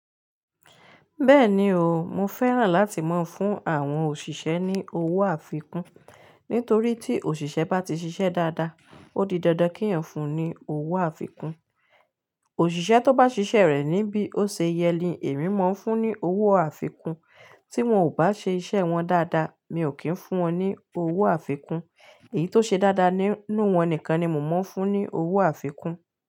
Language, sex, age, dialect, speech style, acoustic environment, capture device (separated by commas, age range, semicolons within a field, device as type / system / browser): Yoruba, female, 35-44, Nigeria - Ibadan, relaxed, nature, mobile / Linux / Chrome